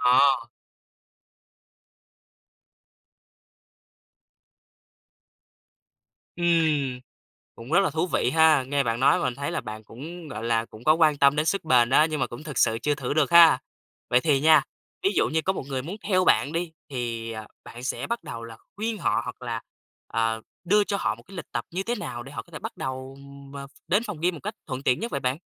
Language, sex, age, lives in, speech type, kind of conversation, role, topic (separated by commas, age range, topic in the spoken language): Vietnamese, male, 20-24, Vietnam, conversation, podcast, host, Bạn thích hình thức tập luyện nào và vì sao?